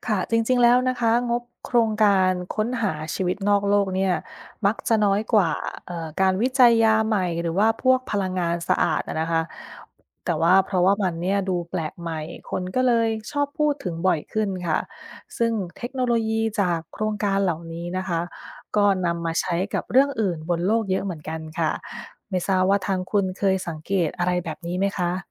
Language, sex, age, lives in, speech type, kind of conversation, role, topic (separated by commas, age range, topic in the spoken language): Thai, female, 30-34, United States, read, expert-assistant, expert, ค้นหาชีวิตนอกโลกคุ้มไหม? มีข้อดีข้อเสียอะไรบ้าง